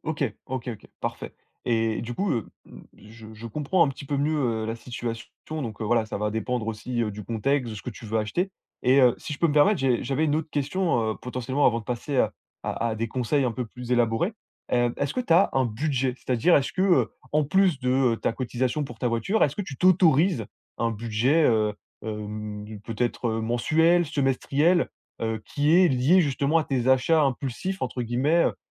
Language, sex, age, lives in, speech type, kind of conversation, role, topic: French, male, 20-24, France, conversation, advice, advisor, Comment puis-je résister aux tentations d’achat pour vivre plus simplement et faire des économies ?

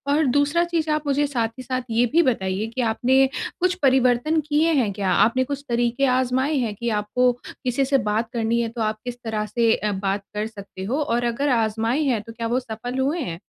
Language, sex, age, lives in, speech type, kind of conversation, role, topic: Hindi, female, 30-34, India, conversation, advice, advisor, समूह में बातचीत शुरू करने में मुझे क्या मदद कर सकती है?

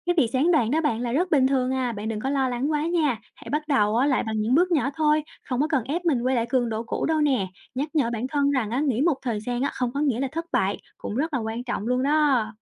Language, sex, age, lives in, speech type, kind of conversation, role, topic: Vietnamese, female, 25-29, Vietnam, read, expert-assistant, expert, Làm sao để tạo thói quen tốt mà không dễ bỏ cuộc giữa chừng?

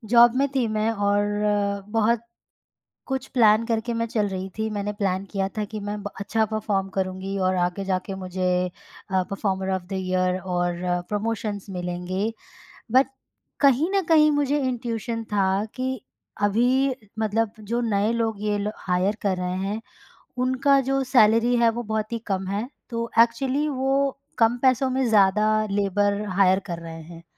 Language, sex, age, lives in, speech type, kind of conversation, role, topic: Hindi, female, 25-29, India, conversation, podcast, guest, Kab tum planning chhodkar intuition par bharosa karte ho?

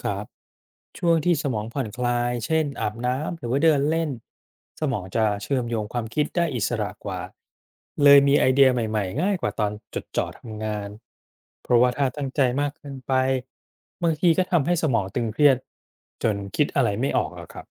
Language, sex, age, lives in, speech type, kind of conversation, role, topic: Thai, male, 40-44, Thailand, read, expert-assistant, expert, เวลาคนพูดว่า 'ใช้ความคิดสร้างสรรค์' เขาหมายถึงอะไรจริง ๆ ในชีวิตประจำวัน?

